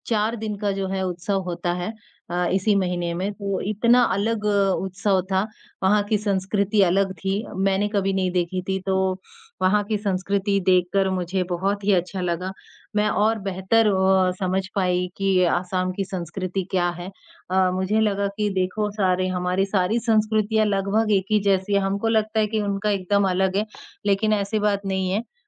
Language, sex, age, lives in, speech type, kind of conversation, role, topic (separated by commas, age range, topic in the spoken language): Hindi, male, 18-19, India, conversation, unstructured, none, क्या कभी किसी धार्मिक उत्सव ने आपको आश्चर्यचकित किया है?